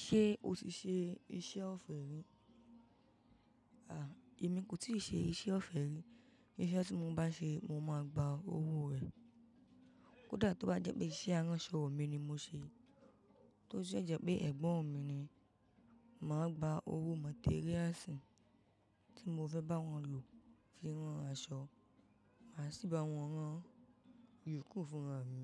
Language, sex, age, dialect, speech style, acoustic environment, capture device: Yoruba, female, 18-24, Nigeria - Ibadan, happy, appliances, mobile / macOS / Safari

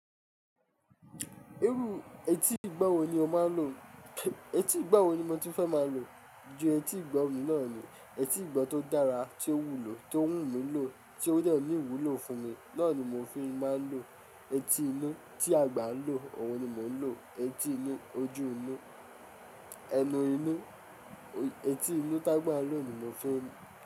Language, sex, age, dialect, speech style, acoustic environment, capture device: Yoruba, male, 25-34, Nigeria - Ijebu, relaxed, music, mobile / Linux / Chrome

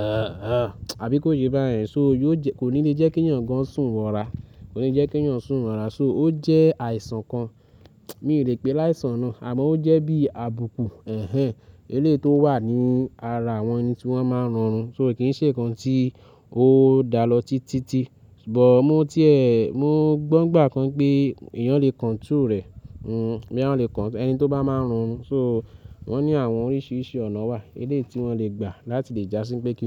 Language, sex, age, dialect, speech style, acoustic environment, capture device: Yoruba, male, 25-34, Nigeria - Lagos Yoruba, nervous, silence, mobile / Linux / Chrome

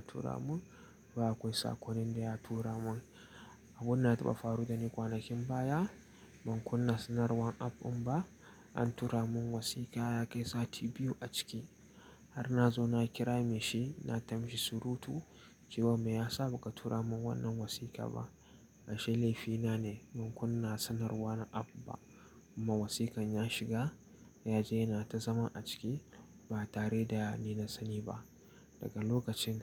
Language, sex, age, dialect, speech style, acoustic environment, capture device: Hausa, male, 18-24, Nigeria - Nigerian Standard, relaxed, nature, mobile / Linux / Chrome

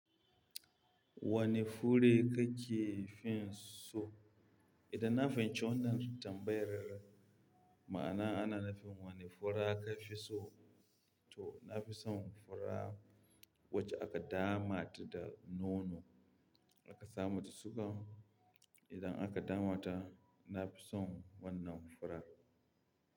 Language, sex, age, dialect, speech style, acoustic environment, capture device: Hausa, male, 25-34, Nigeria - Kano, happy, people talking; music; traffic, mobile / Linux / Chrome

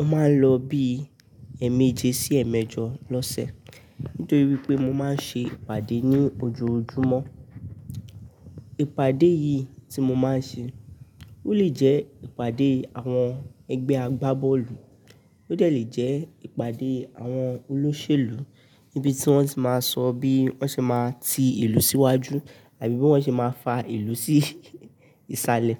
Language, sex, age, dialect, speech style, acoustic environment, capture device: Yoruba, male, 18-24, Nigeria - Nigerian Standard, stressed; tired, people talking; nature, mobile / Linux / Chrome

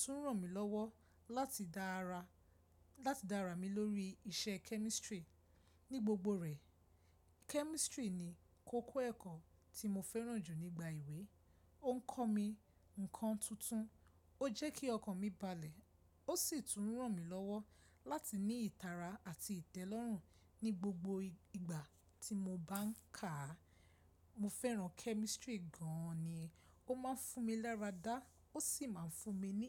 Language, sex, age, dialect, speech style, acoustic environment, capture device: Yoruba, female, 25-34, Nigeria - Lagos Yoruba, stressed, silence, mobile / Linux / Chrome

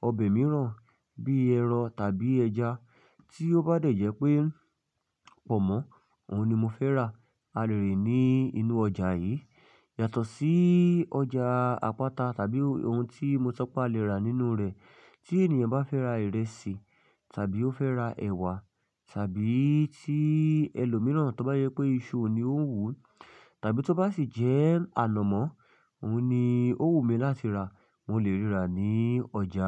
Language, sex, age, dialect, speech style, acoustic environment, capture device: Yoruba, male, 25-34, Nigeria - Ibadan, focused; relaxed, silence, mobile / Linux / Chrome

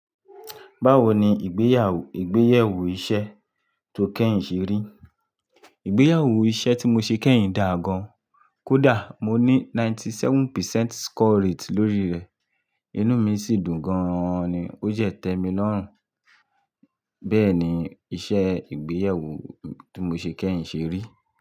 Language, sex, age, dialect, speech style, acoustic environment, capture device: Yoruba, male, 25-34, Nigeria - Lagos Yoruba, happy; relaxed, nature, mobile / Linux / Chrome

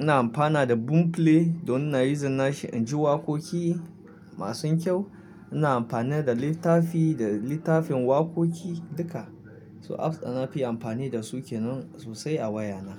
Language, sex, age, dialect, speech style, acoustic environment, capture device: Hausa, male, 25-34, Nigeria - Kano, happy, silence, mobile / Linux / Chrome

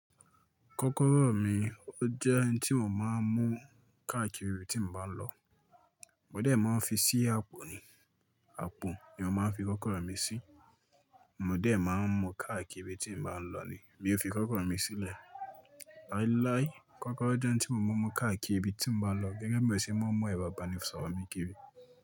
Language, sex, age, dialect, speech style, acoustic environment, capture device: Yoruba, male, 25-34, Nigeria - Ibadan, focused; relaxed; excited, silence, mobile / Linux / Chrome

